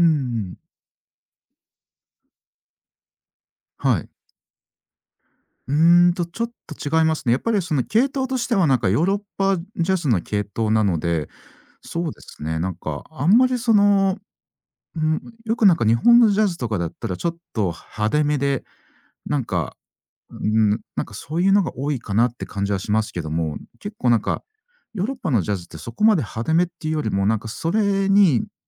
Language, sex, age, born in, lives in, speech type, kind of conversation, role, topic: Japanese, male, 40-44, Japan, Japan, conversation, podcast, guest, 一番心に残っている旅先はどこですか？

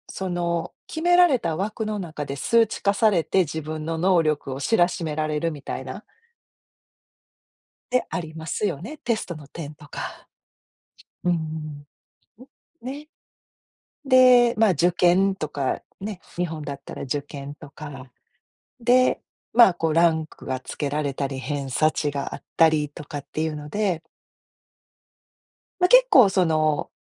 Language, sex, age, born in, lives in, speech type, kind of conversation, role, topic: Japanese, female, 50-54, Japan, United States, conversation, podcast, guest, 自分を許すには、具体的にどうすればいいですか？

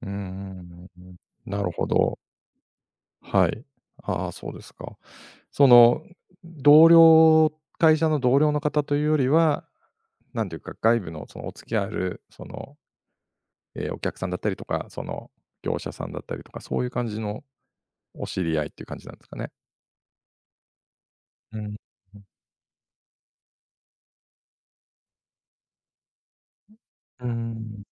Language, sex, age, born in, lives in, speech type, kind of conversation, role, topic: Japanese, male, 50-54, Japan, Japan, conversation, advice, advisor, 友人との付き合いで無駄遣いしてしまうのはどんなときですか？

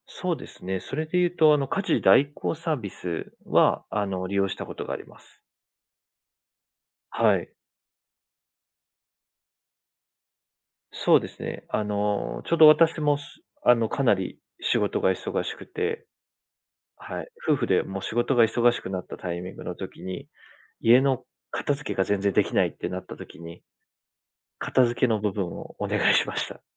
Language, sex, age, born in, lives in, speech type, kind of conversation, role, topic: Japanese, male, 30-34, Japan, Japan, conversation, podcast, guest, 仕事と家の両立をどのようにしていますか？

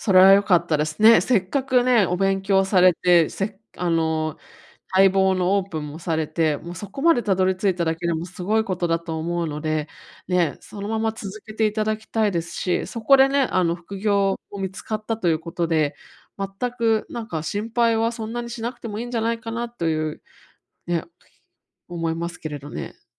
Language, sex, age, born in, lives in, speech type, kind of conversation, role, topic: Japanese, female, 35-39, Japan, United States, conversation, advice, advisor, 将来のお金の不安を減らすにはどうすればよいですか？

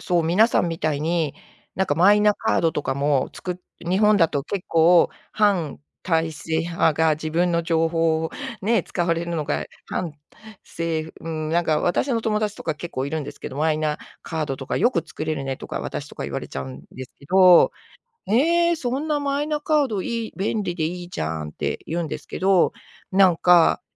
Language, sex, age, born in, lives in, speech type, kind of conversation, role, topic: Japanese, female, 55-59, Japan, Japan, conversation, unstructured, none, 自分の個人情報がAIに利用されることに不安はありますか？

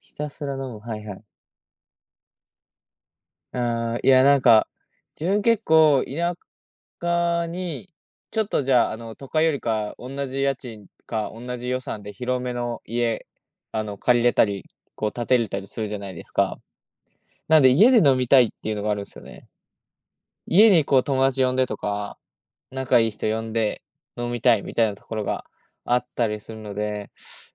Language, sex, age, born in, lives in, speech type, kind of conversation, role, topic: Japanese, male, 20-24, Japan, Japan, conversation, unstructured, none, 住みやすい街の条件は何だと思いますか？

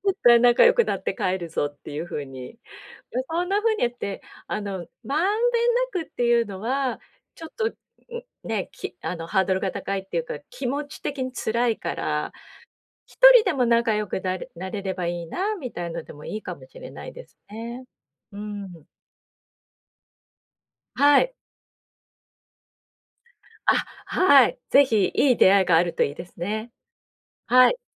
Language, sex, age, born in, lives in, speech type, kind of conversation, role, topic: Japanese, female, 50-54, Japan, Japan, conversation, advice, advisor, グループで行動するとき、どうすれば孤立せずに過ごせますか？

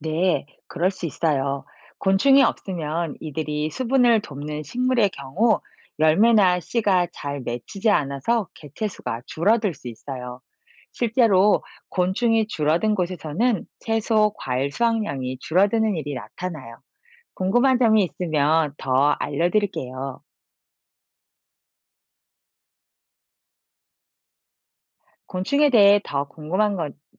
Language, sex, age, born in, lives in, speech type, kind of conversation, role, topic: Korean, female, 45-49, South Korea, France, read, expert-assistant, expert, 지구 생태계에서 곤충의 역할은 무엇인가요?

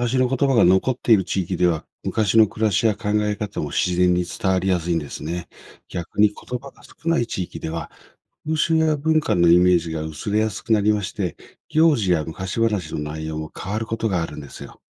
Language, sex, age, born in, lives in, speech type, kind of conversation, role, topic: Japanese, male, 55-59, Japan, Japan, read, expert-assistant, expert, 言語の保存で『本当に大事な部分』って、誰がどう決めているのでしょうか？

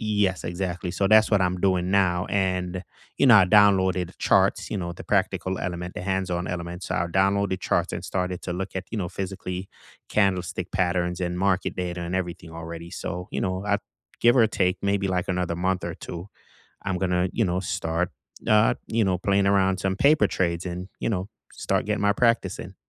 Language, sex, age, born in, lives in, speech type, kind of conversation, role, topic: English, male, 35-39, Jamaica, United States, conversation, unstructured, none, What could you change next year to free up more time and energy for what matters most?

